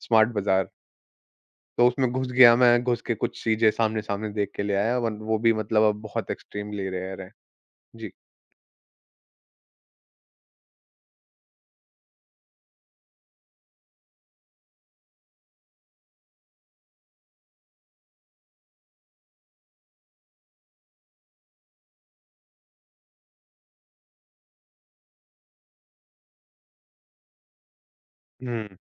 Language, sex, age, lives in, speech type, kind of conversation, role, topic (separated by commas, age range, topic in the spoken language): Hindi, male, 25-29, India, conversation, podcast, guest, Tum poore hafte ki grocery shopping ka plan kaise banate ho?